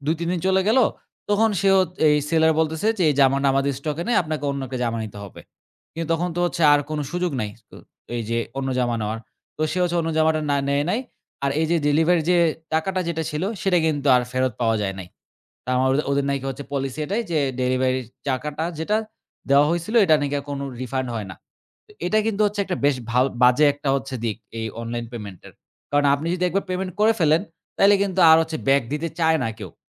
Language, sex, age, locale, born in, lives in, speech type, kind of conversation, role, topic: Bengali, male, 20-24, bn-BD, Bangladesh, Bangladesh, conversation, podcast, guest, ডিজিটাল পেমেন্ট ব্যবহার করার অভিজ্ঞতা আপনার কেমন?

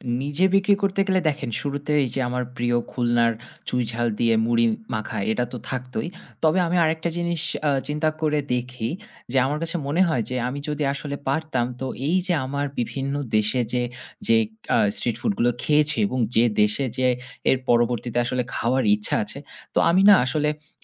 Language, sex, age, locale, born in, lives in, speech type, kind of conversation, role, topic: Bengali, male, 30-34, bn-BD, Bangladesh, Finland, conversation, podcast, guest, রাস্তার খাবার সম্পর্কে আপনার মতামত কী?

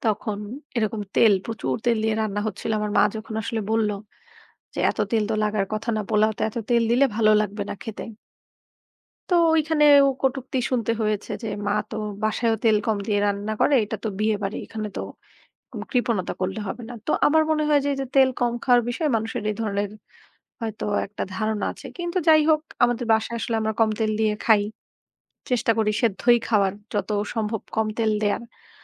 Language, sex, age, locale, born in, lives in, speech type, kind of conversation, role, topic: Bengali, female, 25-29, bn-BD, Bangladesh, Bangladesh, conversation, unstructured, none, খাদ্যে অতিরিক্ত তেল খেলে শরীরের কতটা ক্ষতি হয়?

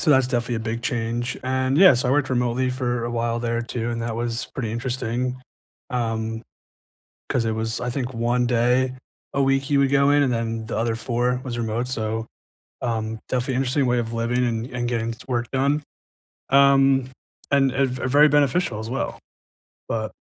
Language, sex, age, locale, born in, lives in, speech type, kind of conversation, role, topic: English, male, 25-29, en-US, United States, United States, conversation, unstructured, none, How has technology changed your daily work, and which changes help or hinder you?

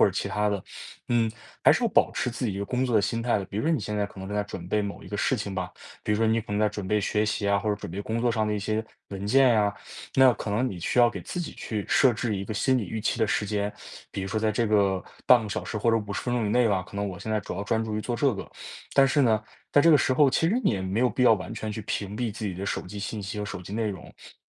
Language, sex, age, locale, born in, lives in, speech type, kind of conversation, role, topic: Chinese, male, 20-24, zh-CN, China, United States, conversation, advice, advisor, 我怎样在干扰中保持稳定的专注？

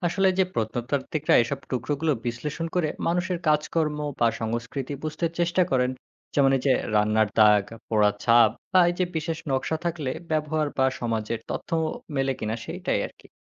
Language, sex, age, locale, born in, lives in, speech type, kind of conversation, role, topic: Bengali, male, 20-24, bn-BD, Bangladesh, Bangladesh, read, expert-assistant, expert, পুরনো দিনের মানুষের জীবন জানার জন্য প্রত্নতত্ত্বিকরা কীভাবে খোঁজ শুরু করেন?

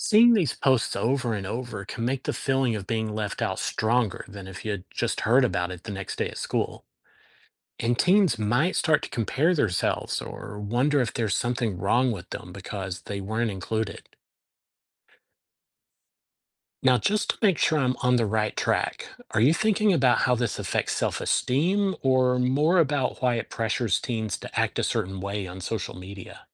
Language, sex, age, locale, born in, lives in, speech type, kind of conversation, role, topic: English, male, 50-54, en-US, United States, United States, read, expert-assistant, expert, How does peer pressure affect teens' decisions?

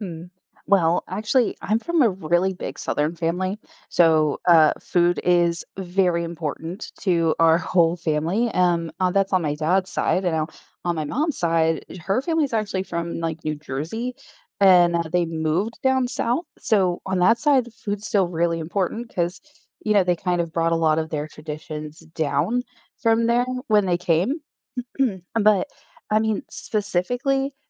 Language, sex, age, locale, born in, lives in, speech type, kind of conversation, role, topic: English, female, 35-39, en-US, Germany, United States, conversation, podcast, guest, What role does food play in your family traditions?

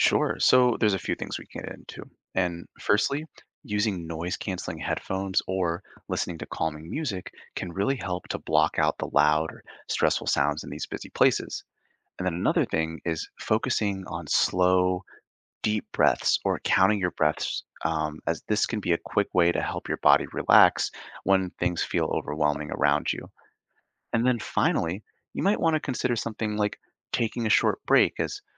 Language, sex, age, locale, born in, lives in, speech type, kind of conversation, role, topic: English, male, 25-29, en-US, Canada, United States, read, expert-assistant, expert, What are the benefits and challenges of living in a city versus the countryside?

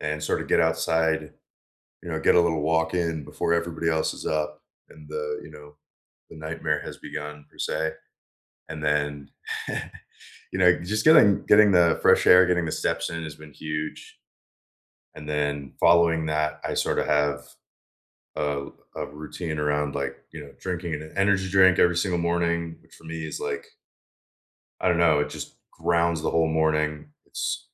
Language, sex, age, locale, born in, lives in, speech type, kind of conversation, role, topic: English, male, 25-29, en-US, United States, United States, conversation, unstructured, none, What small habits make your mornings feel better?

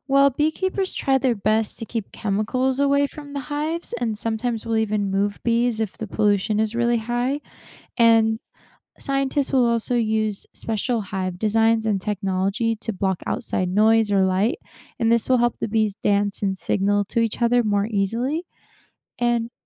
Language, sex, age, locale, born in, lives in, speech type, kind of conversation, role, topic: English, female, 20-24, en-US, United States, United States, read, expert-assistant, expert, How do honeybees communicate about food sources?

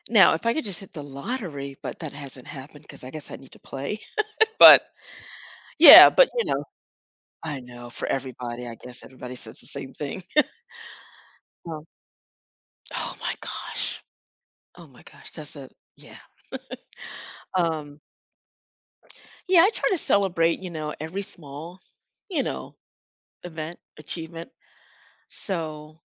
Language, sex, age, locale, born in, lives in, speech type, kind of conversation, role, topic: English, female, 55-59, en-US, United States, United States, conversation, advice, user, How should I celebrate my achievement?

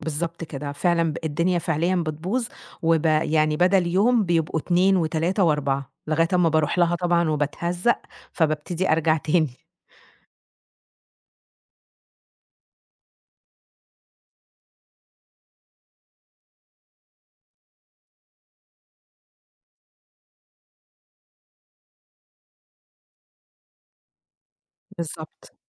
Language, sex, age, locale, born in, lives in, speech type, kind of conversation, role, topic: Arabic, female, 30-34, ar-EG, Egypt, Egypt, conversation, advice, user, إزاي رجعت لعاداتك القديمة بعد كام أسبوع من الالتزام بروتين صحي؟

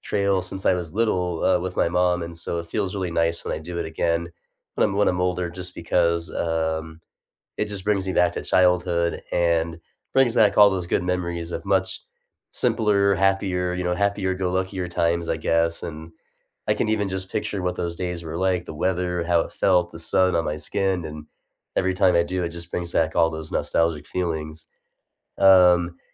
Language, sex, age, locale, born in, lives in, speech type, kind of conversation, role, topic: English, male, 30-34, en-US, United States, United States, conversation, unstructured, none, What simple rituals help you recharge after a long week, and why do they matter to you?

